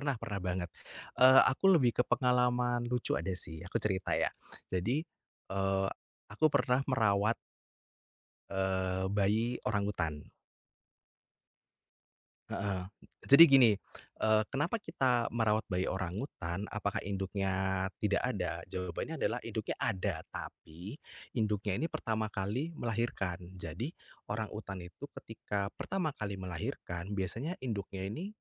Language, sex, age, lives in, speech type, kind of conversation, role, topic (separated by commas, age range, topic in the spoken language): Indonesian, male, 30-34, Indonesia, conversation, podcast, guest, Pernahkah kamu bertemu satwa liar, dan bagaimana ceritanya?